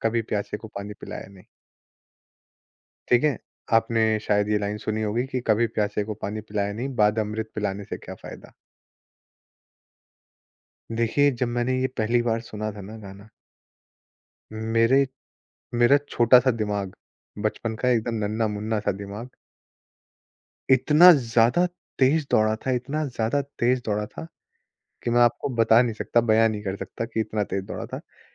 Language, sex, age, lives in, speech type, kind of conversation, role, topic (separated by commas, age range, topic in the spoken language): Hindi, male, 25-29, India, conversation, podcast, guest, Kaunsa gaana tumhein bachpan ki sabse pyari yaad dilata hai?